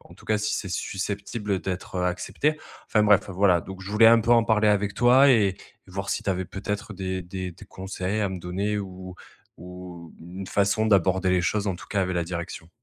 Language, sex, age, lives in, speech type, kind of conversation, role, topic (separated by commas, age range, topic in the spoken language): French, male, 30-34, France, conversation, advice, user, Comment puis-je négocier des horaires flexibles ou du télétravail avec mon employeur ?